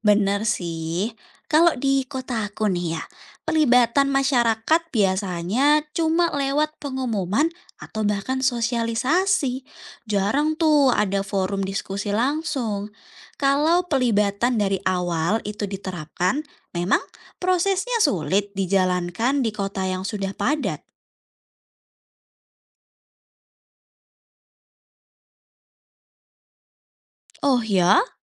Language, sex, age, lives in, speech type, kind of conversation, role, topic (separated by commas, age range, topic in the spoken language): Indonesian, female, 20-24, Indonesia, read, expert-assistant, asker, Bagaimana Kota di Indonesia Bisa Lebih Aman dan Nyaman untuk Pejalan Kaki serta Pesepeda?